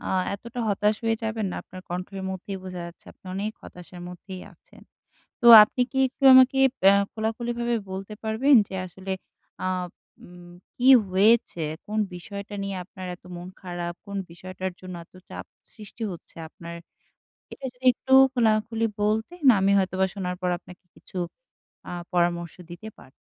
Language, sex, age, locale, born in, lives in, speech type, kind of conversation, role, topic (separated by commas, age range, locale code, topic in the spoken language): Bengali, female, 25-29, bn-BD, Bangladesh, Bangladesh, conversation, advice, advisor, চাপের মুহূর্তে আমি কীভাবে শান্ত থাকতে পারি এবং রাগ নিয়ন্ত্রণ করতে পারি?